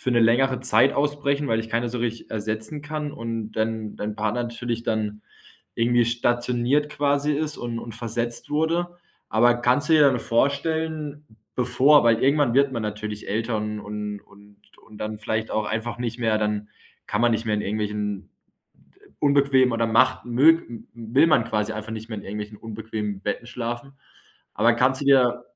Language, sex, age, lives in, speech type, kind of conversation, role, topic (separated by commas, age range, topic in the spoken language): German, male, 25-29, Germany, conversation, advice, advisor, Wie kann ich herausfinden, welche Lebensziele mir wirklich wichtig sind?